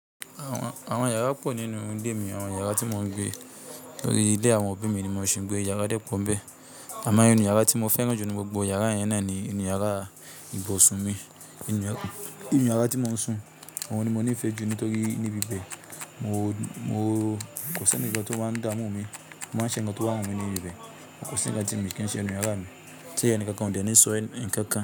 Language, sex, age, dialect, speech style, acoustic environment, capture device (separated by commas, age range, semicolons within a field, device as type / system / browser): Yoruba, male, 25-34, Nigeria - Kano, nervous, appliances, mobile / Linux / Chrome